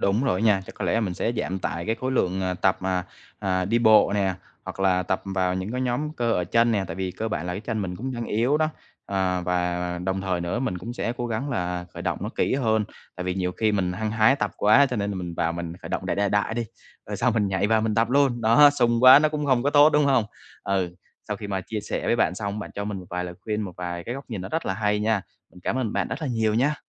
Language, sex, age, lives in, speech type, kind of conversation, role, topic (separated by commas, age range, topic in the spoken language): Vietnamese, male, 25-29, Vietnam, conversation, advice, user, Làm sao cân bằng giữa tập luyện và nghỉ ngơi để phục hồi tốt hơn?